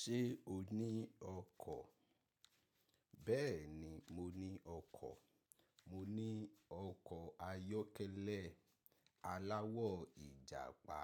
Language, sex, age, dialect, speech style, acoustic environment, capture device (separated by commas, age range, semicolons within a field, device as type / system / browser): Yoruba, male, 25-34, Nigeria - Ibadan, happy; excited; focused; relaxed, nature; silence, mobile / macOS / Safari